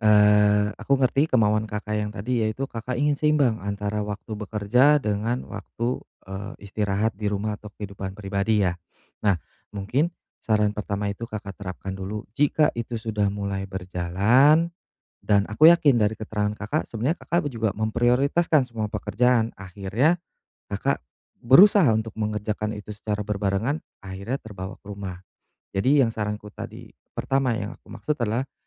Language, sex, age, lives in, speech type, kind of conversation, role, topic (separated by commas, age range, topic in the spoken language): Indonesian, male, 35-39, Indonesia, conversation, advice, advisor, Bagaimana cara menetapkan batas yang sehat antara pekerjaan dan kehidupan pribadi?